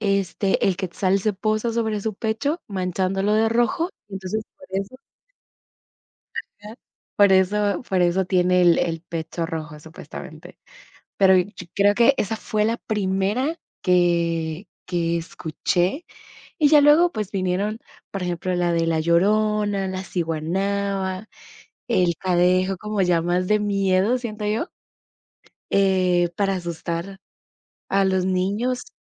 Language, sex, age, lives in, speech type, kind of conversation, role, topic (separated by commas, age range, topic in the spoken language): Spanish, female, 18-19, United States, conversation, unstructured, none, ¿Qué mito o leyenda de tu cultura recuerdas bien?